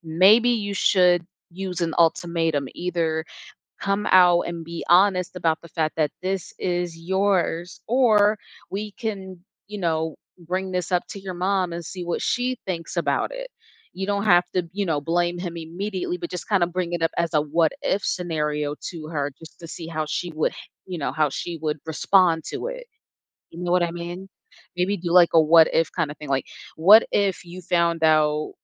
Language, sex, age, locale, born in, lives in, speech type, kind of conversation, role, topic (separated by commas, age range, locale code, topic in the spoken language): English, female, 25-29, en-US, United States, United States, conversation, advice, advisor, How should I confront someone I trust who I think lied?